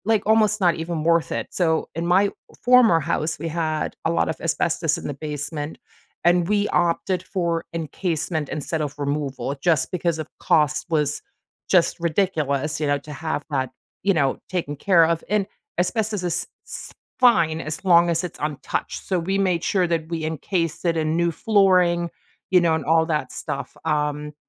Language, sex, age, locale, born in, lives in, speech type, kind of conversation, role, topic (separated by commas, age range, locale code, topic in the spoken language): English, female, 45-49, en-DE, Germany, United States, conversation, podcast, host, How is technology changing the way we protect and care for the environment?